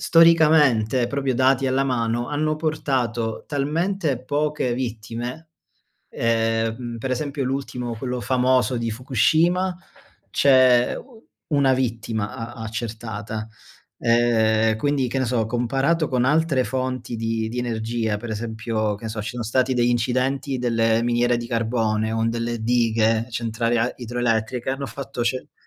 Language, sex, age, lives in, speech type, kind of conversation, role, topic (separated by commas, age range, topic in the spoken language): Italian, male, 30-34, Germany, conversation, unstructured, none, Quali piccoli gesti possiamo fare ogni giorno per proteggere l’ambiente?